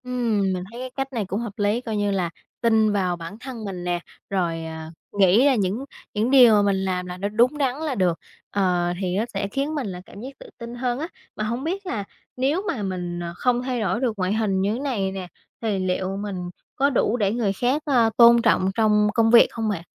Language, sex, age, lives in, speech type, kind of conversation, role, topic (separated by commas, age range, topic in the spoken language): Vietnamese, female, 20-24, Vietnam, conversation, advice, user, Làm thế nào để vượt qua nỗi lo lắng về ngoại hình do tiêu chuẩn xã hội gây ra?